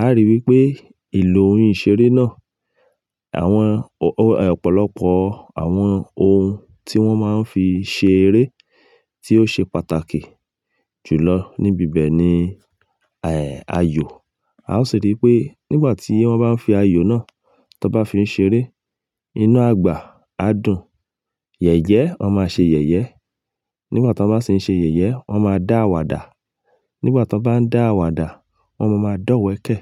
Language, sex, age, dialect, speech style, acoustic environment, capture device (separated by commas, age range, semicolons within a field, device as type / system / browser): Yoruba, male, 35-44, Nigeria - Ibadan, happy, silence, mobile / Linux / Chrome